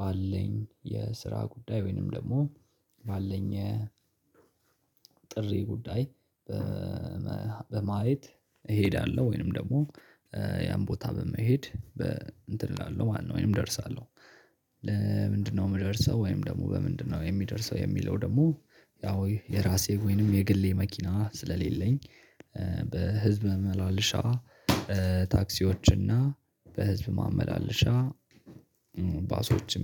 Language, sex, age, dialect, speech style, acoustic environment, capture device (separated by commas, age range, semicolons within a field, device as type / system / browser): Amharic, male, 18-24, Ethiopia - Addis Ababa, happy; relaxed, nature; silence; people talking; music; construction, mobile / Linux / Chrome